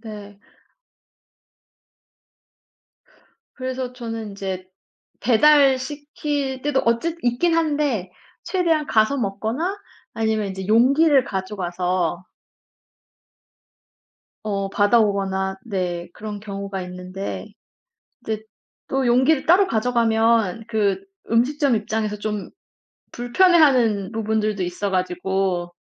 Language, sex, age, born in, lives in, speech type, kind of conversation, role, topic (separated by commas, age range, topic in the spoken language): Korean, female, 35-39, South Korea, Germany, conversation, unstructured, none, 지구 온난화를 늦추기 위해 우리가 할 수 있는 일은 무엇일까요?